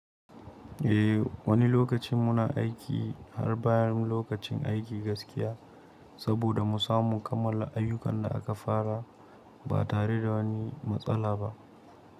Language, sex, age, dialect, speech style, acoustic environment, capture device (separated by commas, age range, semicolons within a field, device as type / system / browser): Hausa, male, 18-24, Nigeria - Nigerian Standard, happy; excited; nervous; focused, appliances; silence; nature, mobile / Linux / Chrome